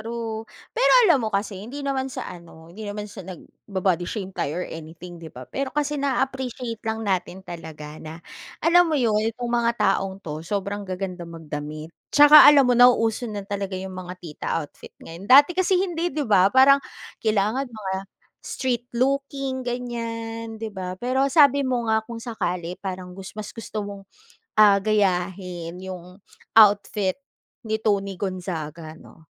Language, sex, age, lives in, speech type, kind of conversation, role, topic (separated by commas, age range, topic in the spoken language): Filipino, female, 35-39, Philippines, conversation, podcast, host, May hinahangaan ka bang idolo sa pananamit sa mundo ng aliwan?